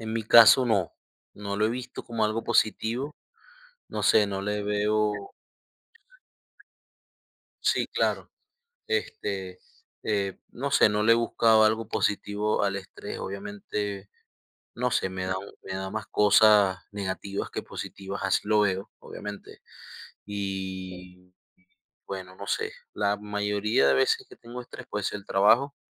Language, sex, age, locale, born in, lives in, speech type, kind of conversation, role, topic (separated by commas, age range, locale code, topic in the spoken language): Spanish, male, 30-34, es-VE, Venezuela, United States, conversation, unstructured, none, ¿Cómo manejas el estrés en tu vida diaria?